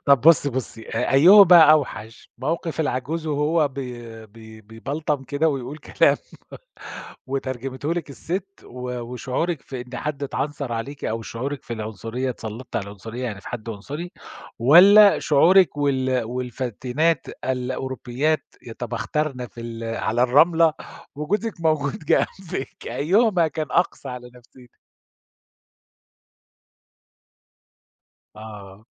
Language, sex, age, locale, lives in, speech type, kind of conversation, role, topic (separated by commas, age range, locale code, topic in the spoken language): Arabic, male, 50-54, ar-SA, Germany, conversation, podcast, host, إيه آخر حاجة عملتها برّه في الهوا الطلق؟